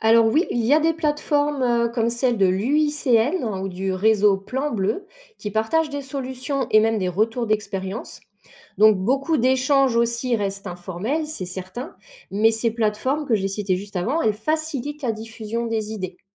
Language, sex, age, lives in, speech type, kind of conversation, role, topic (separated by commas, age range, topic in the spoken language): French, female, 40-44, France, read, expert-assistant, expert, Comment pourrais-on mélanger des idées venues de la nature et de la technologie pour mieux protéger les océans ?